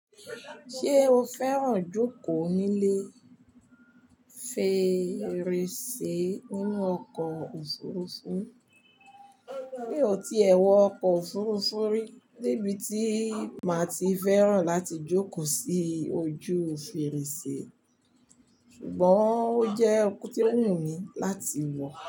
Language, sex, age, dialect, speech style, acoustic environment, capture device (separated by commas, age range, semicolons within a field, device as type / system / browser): Yoruba, female, 45-54, Nigeria - Lagos Yoruba, relaxed, people talking, mobile / Linux / Chrome